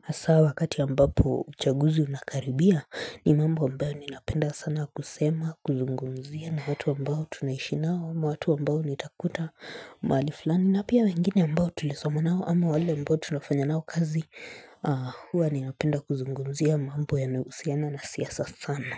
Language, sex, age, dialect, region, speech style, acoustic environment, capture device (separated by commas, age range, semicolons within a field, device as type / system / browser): Swahili, female, 25-34, Kenya - Nairobi Sheng-influenced, Kenya, relaxed, silence, mobile / Linux / Chrome